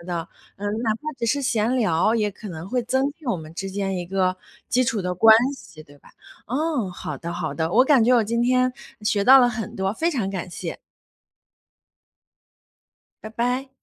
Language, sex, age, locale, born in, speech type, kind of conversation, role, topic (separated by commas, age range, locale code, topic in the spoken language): Chinese, female, 30-34, zh-CN, China, conversation, advice, user, 我刚到新城市，结交朋友很困难并且有社交焦虑，该怎么办？